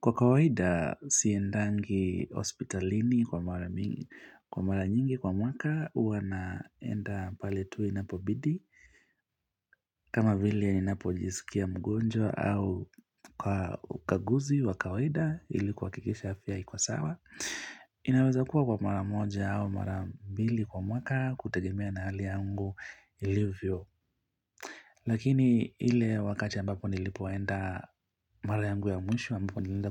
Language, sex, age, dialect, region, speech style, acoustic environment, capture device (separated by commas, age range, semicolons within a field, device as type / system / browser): Swahili, male, 25-34, Kenya - Mombasa, Kenya, relaxed; focused; happy, nature; silence, mobile / Linux / Chrome